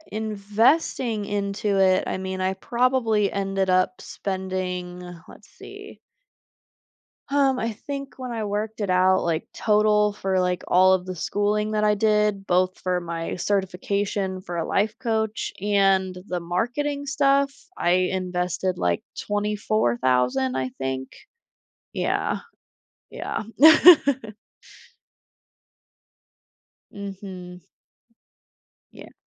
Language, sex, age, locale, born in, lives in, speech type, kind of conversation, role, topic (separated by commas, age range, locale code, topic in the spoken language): English, female, 25-29, en-US, United States, United States, conversation, advice, user, How can I make a decision after a major life change?